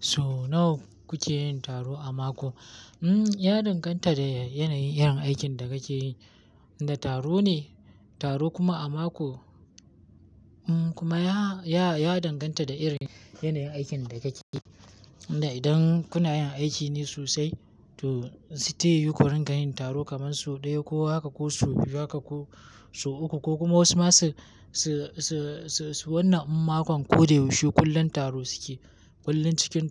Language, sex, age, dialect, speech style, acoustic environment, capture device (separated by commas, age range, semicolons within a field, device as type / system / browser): Hausa, male, 18-24, Nigeria - Kano, happy, nature; silence, mobile / Linux / Chrome